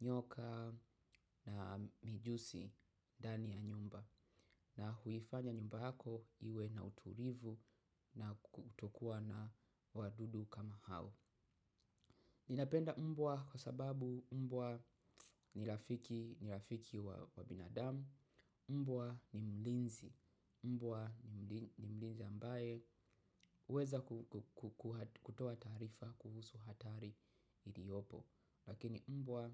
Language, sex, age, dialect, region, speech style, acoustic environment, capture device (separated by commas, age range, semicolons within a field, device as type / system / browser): Swahili, male, 25-34, Tanzania - Dar es Salaam, Tanzania, happy, silence, mobile / macOS / Safari